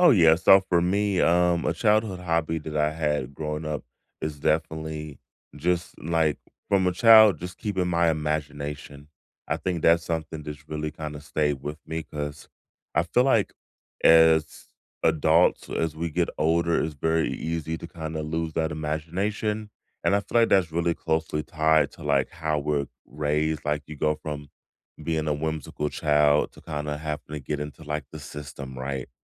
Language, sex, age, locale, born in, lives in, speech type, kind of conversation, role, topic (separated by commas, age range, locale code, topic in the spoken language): English, male, 35-39, en-US, United States, United States, conversation, unstructured, none, Which childhood hobby still brings you joy today, and how has it stayed part of your life?